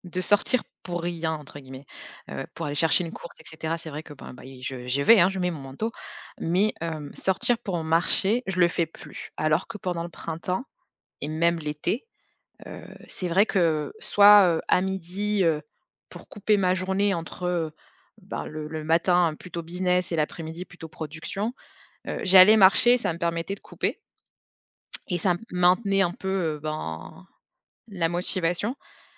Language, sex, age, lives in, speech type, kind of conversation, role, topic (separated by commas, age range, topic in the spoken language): French, female, 30-34, France, conversation, advice, user, Comment gérer l’épuisement et la perte de motivation après plusieurs mois sans progrès visibles ?